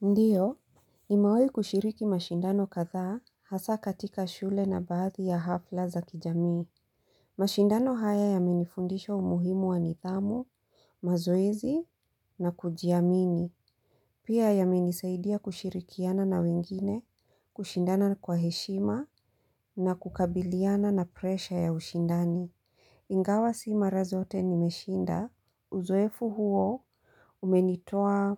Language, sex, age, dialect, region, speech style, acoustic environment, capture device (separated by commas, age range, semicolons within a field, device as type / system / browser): Swahili, female, 35-44, Kenya - Mombasa, Kenya, focused, silence, mobile / Linux / Chrome